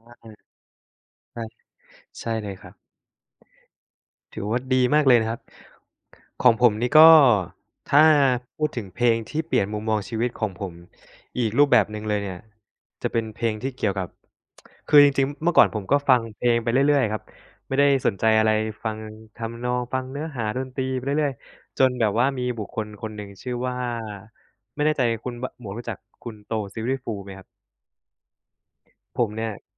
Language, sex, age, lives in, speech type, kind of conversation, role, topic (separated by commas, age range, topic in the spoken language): Thai, male, 25-29, Thailand, conversation, unstructured, none, เพลงไหนที่เคยให้แรงบันดาลใจกับคุณมากที่สุด?